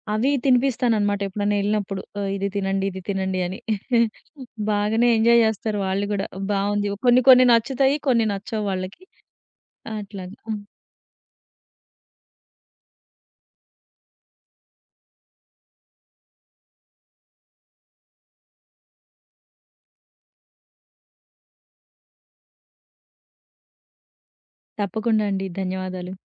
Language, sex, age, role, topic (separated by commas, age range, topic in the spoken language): Telugu, female, 30-34, guest, మీ బాల్య జ్ఞాపకాల్లో మీకు ప్రత్యేకంగా నిలిచిపోయిన రుచి ఏది, దాని గురించి చెప్పగలరా?